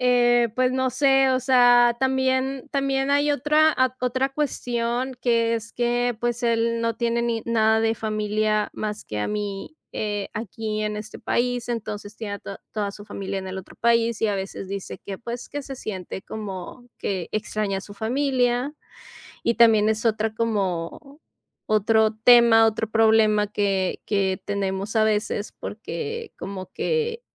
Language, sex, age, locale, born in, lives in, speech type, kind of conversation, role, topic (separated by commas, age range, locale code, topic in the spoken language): Spanish, female, 35-39, es-MX, Mexico, Mexico, conversation, advice, user, ¿Cómo puedo adaptarme a una nueva cultura al mudarme a otro país por trabajo o por mi pareja?